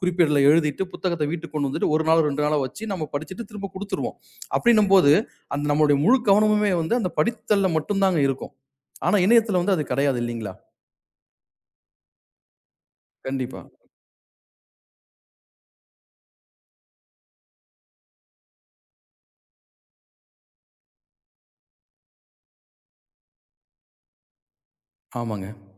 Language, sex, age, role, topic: Tamil, male, 40-44, guest, இணையம் வந்ததும் உங்கள் பாரம்பரிய கற்றல் முறைகள் எப்படிப் மாற்றமடைந்தன?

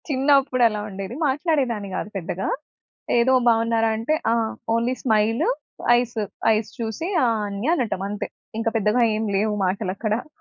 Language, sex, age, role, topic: Telugu, female, 25-29, guest, ఒక కొత్త వ్యక్తిని కలిసినప్పుడు మీరు సాధారణంగా పరిచయాన్ని ఎలా మొదలు పెడతారు?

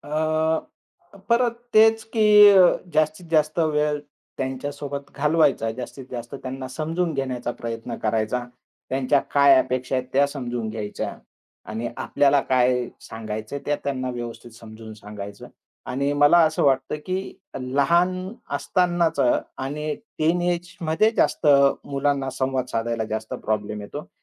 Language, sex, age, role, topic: Marathi, male, 45-49, guest, मुले आणि पालकांमधील संवाद वाढवण्यासाठी तुम्ही काय करता?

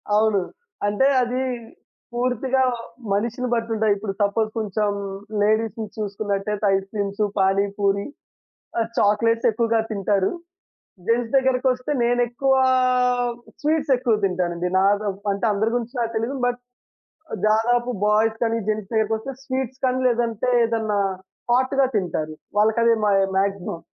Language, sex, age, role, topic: Telugu, male, 20-24, guest, పనిలో ఏకాగ్రత పెంచుకోవడానికి మీరు పాటించే చిన్న రోజువారీ అలవాటు ఏమిటి?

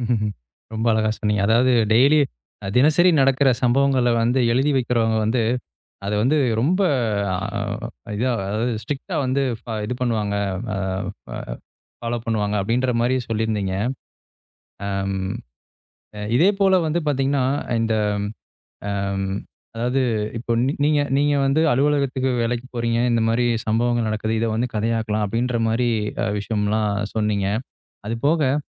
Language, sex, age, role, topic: Tamil, male, 25-29, host, தினசரி சம்பவங்களை மனதை ஈர்க்கும் கதையாக மாற்ற என்ன உதவும்?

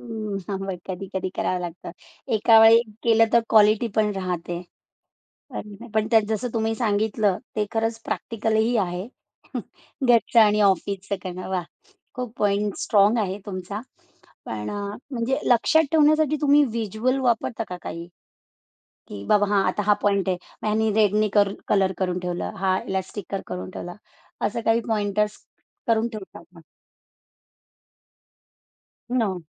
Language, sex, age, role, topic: Marathi, female, 45-49, host, दिवसभरातील ऊर्जा-स्तरांतील चढ-उतार आपण कसे लक्षात ठेवू शकतो?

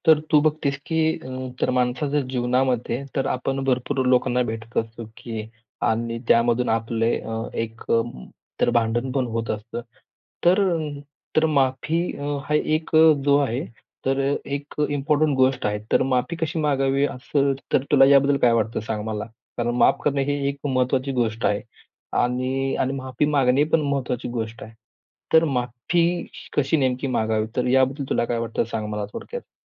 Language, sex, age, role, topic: Marathi, male, 25-29, host, तुमच्या मते माफी योग्य पद्धतीने कशी मागावी?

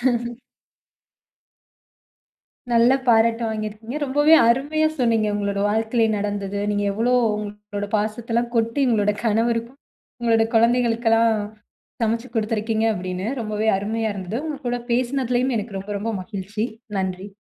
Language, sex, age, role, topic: Tamil, female, 20-24, host, உணவு மூலம் பாசத்தை எப்படி காட்டலாம்?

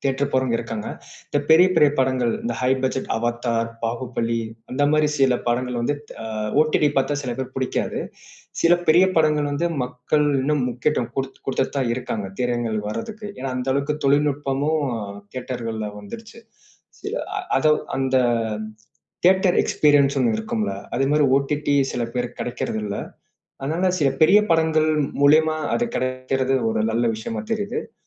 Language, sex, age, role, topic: Tamil, male, 25-29, guest, நீங்கள் வீட்டில் ஒளிபரப்பாக பார்க்கிறீர்களா அல்லது திரையரங்கில் பார்க்கிறீர்களா—எதை ஏன் அதிகம் விரும்புகிறீர்கள்?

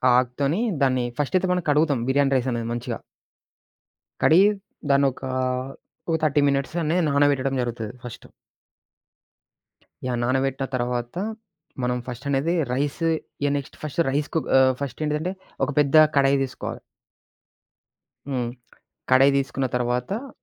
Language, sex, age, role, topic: Telugu, male, 20-24, guest, మీకు చిన్న సంబరాన్ని తెచ్చే వంటకం ఏది?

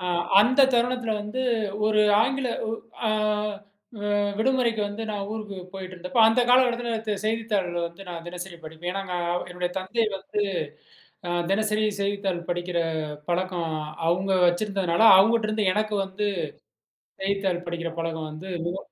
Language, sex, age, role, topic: Tamil, male, 35-39, guest, உங்கள் படைப்புக்கு ஊக்கம் எங்கிருந்து வருகிறது?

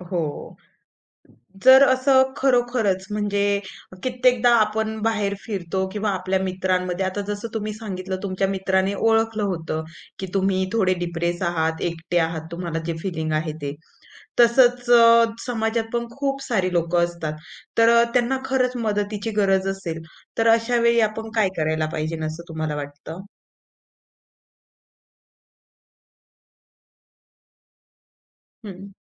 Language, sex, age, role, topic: Marathi, female, 25-29, host, एकटेपणाची सूचक लक्षणं कशी ओळखशील?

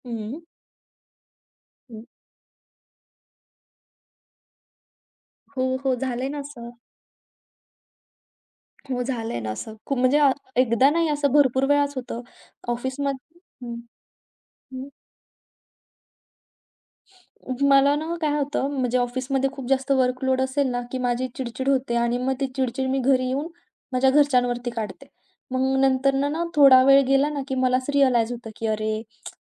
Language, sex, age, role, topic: Marathi, female, 20-24, guest, घरातील लहान ताणतणाव मिटवण्यासाठी तुम्ही काय करता?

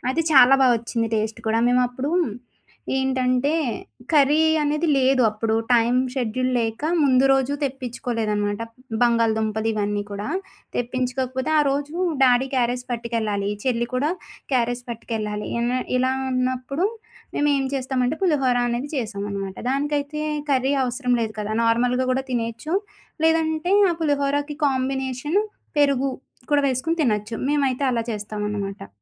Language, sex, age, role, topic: Telugu, female, 25-29, guest, బాధలో ఉన్న వ్యక్తిని సంతోషపెట్టడానికి మీరు ఇంట్లో చేసిన ఏ వంటకం ఇస్తారు?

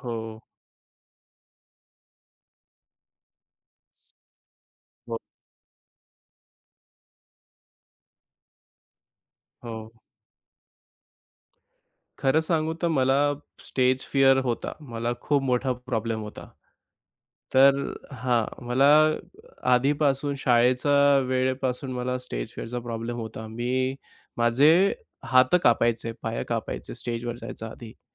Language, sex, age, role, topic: Marathi, male, 25-29, guest, तुमची आत्मशिक्षणाची सुरुवात कशी झाली?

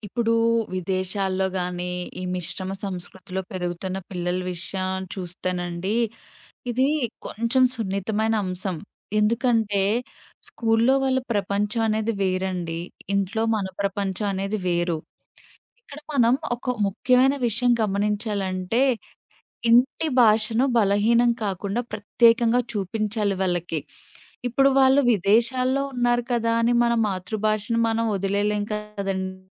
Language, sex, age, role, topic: Telugu, female, 25-29, guest, మీ పిల్లలకు మీ సంస్కృతిని మీరు ఎలా పరిచయం చేసి నేర్పించాలనుకుంటారు?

